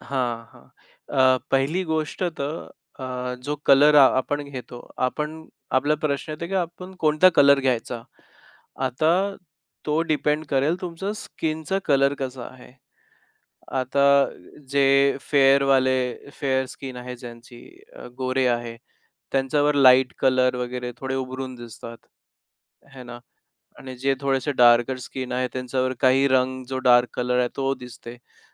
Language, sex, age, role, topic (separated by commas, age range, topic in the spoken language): Marathi, male, 25-29, guest, तुमची कपड्यांची शैली तुमची ओळख कशी व्यक्त करते?